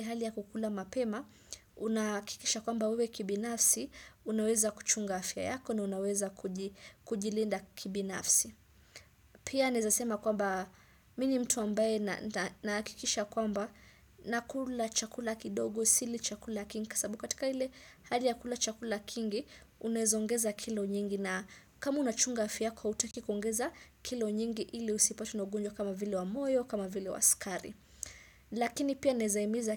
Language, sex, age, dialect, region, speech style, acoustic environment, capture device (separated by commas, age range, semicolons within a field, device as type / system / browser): Swahili, female, 25-34, Kenya - Mombasa, Kenya, happy; relaxed; excited; focused, silence, desktop / Windows / Chrome